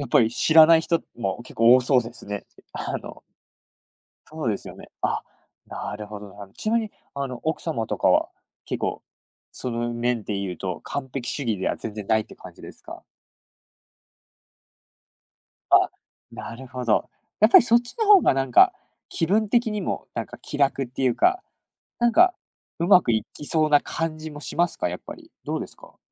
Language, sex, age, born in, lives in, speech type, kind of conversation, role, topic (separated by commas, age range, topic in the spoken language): Japanese, male, 20-24, United States, Japan, conversation, podcast, host, 完璧主義を手放すために、普段どんな工夫をしていますか？